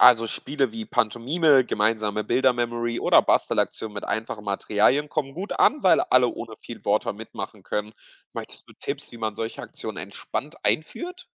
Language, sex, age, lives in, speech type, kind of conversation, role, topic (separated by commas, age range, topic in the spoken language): German, male, 20-24, Portugal, read, expert-assistant, expert, Wie kann ich ein Nachbarschaftsfest so planen, dass Menschen mit unterschiedlichen kulturellen Hintergründen sich wirklich einbringen?